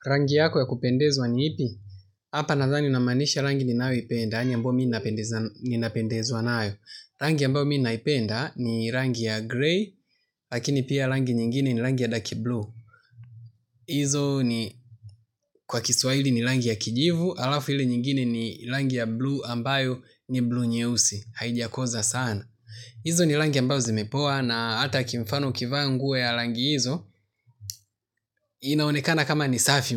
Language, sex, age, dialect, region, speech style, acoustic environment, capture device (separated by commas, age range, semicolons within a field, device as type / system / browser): Swahili, male, 25-34, Tanzania - Dar es Salaam, Tanzania, relaxed, people talking; nature, mobile / Linux / Chrome